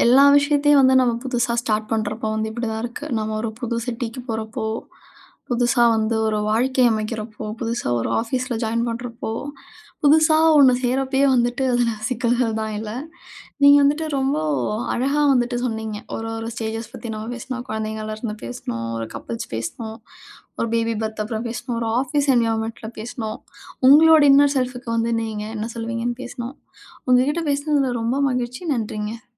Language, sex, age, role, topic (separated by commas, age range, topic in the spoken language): Tamil, female, 35-39, host, புதியவர்களுக்கு சில எளிய உதவிக்குறிப்புகளைச் சொல்லலாமா?